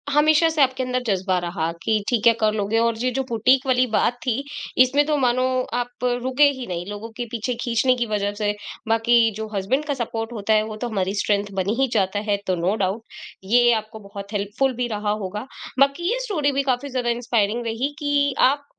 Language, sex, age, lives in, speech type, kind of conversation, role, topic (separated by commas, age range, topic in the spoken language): Hindi, female, 25-29, India, conversation, podcast, host, Tumhare parivaar mein kaunsi kahani ya lok-katha peedhi dar peedhi chali aa rahi hai?